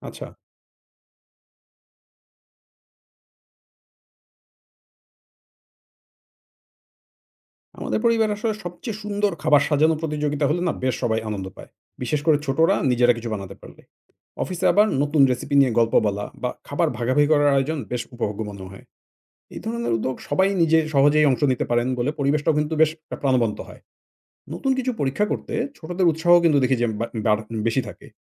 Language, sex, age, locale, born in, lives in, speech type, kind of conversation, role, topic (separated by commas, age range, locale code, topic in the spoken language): Bengali, male, 40-44, bn-BD, Bangladesh, Finland, read, expert-assistant, asker, ভিন্ন সংস্কৃতির স্বাস্থ্য-সম্পর্কিত গল্প শুনে আমরা কী কী নতুন উপায়ে নিজেদের দেখাশোনা করতে পারি?